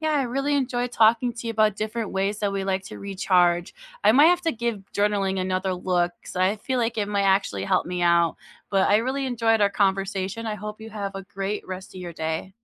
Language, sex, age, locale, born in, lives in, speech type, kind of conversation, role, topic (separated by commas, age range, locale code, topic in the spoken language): English, female, 30-34, en-US, United States, United States, conversation, unstructured, none, What is your favorite way to recharge after a long week?